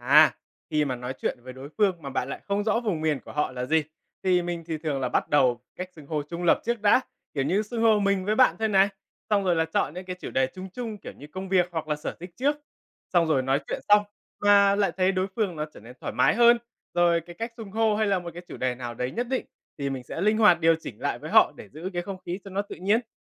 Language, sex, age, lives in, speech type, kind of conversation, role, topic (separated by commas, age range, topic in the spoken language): Vietnamese, male, 20-24, Vietnam, read, expert-assistant, expert, Có cách nào giúp mình nhận ra và điều chỉnh cách nói chuyện khi gặp người từ vùng miền khác không?